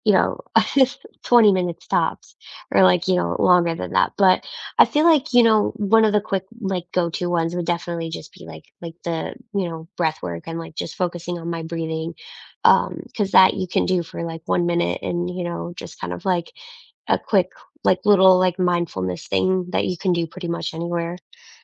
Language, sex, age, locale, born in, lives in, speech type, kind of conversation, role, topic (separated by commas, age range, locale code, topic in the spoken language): English, female, 25-29, en-US, United States, United States, conversation, unstructured, none, What mindfulness practices do you enjoy, even for just a minute?